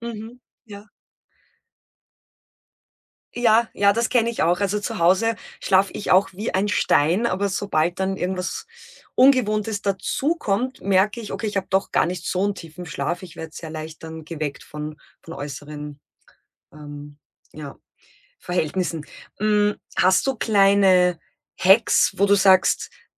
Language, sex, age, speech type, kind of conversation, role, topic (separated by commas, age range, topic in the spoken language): German, female, 35-39, conversation, podcast, host, Wie kannst du deine Schlafumgebung optimal einstellen?